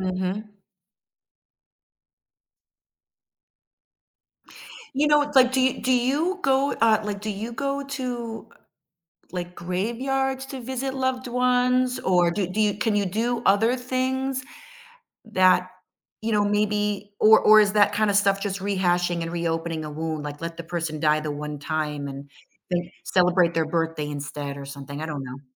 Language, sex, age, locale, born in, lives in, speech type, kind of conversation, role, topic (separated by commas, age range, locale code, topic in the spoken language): English, female, 50-54, en-US, United States, United States, conversation, podcast, host, How do people cope with grief and find support during difficult times?